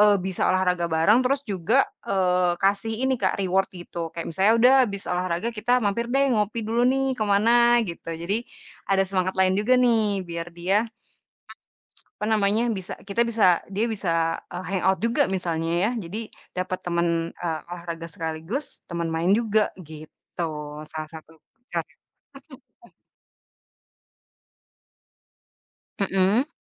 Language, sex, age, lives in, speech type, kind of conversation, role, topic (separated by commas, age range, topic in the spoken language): Indonesian, female, 30-34, Indonesia, conversation, podcast, guest, Bagaimana cara mengajak keluarga atau teman terlibat dalam kebiasaan hidup sehat?